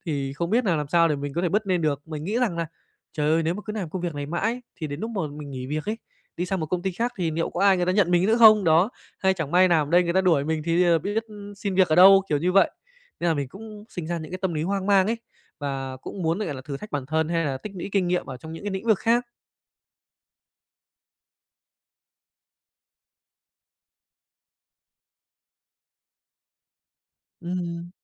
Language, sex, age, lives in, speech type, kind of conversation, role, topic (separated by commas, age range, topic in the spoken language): Vietnamese, male, 25-29, Japan, conversation, advice, user, Vì sao tôi luôn chần chừ xin nghỉ việc dù rất không hài lòng với chỗ làm hiện tại?